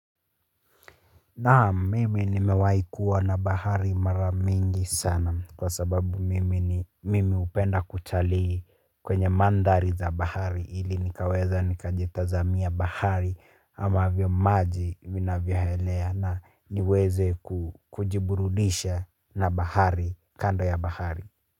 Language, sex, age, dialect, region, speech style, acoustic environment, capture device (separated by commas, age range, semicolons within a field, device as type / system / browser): Swahili, male, 25-34, Kenya - Nairobi Sheng-influenced, Kenya, tired, silence, mobile / Linux / Chrome